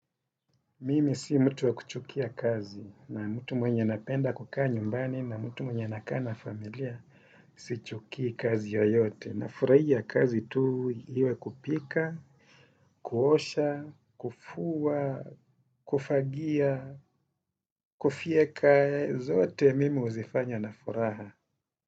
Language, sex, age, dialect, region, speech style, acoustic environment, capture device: Swahili, male, 35-44, Kenya - Mombasa, Kenya, happy; focused; relaxed, nature; silence, desktop / Windows / Chrome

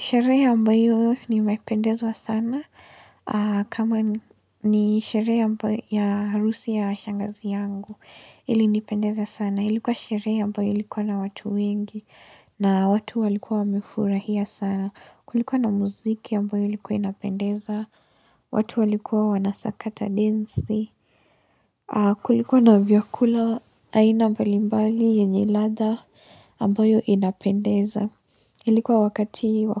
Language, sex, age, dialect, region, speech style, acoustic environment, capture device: Swahili, female, 18-24, Kenya - Mombasa, Kenya, relaxed, nature; silence, mobile / Linux / Chrome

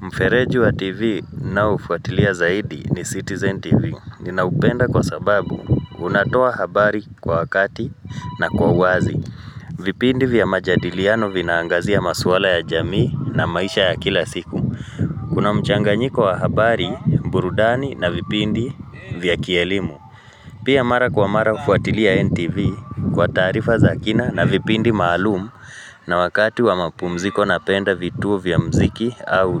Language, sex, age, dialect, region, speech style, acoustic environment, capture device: Swahili, male, 35-44, Kenya - Nairobi Sheng-influenced, Kenya, focused, nature, mobile / Linux / Chrome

